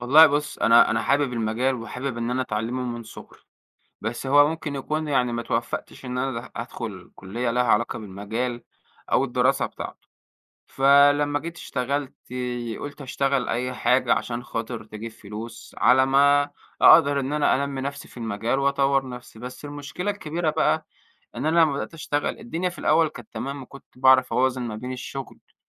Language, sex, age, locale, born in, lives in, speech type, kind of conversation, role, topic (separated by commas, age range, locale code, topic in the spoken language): Arabic, male, 25-29, ar-EG, Egypt, Egypt, conversation, advice, user, إزاي أقدر ألاقي وقت ثابت للإبداع مع ضغط الشغل؟